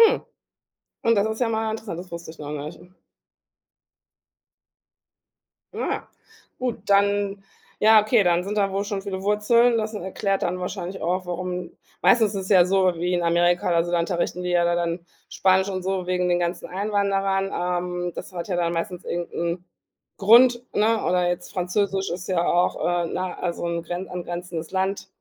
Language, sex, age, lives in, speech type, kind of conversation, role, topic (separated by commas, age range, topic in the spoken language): German, female, 40-44, Germany, conversation, podcast, host, Wie bringst du deinen Kindern deine Muttersprache bei?